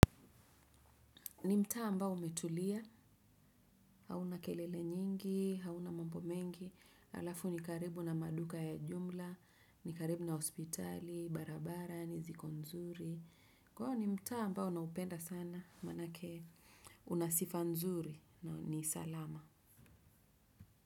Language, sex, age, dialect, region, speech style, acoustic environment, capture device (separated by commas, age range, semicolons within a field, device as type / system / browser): Swahili, female, 35-44, Kenya - Nairobi Sheng-influenced, Kenya, focused, silence; traffic, desktop / Windows / Chrome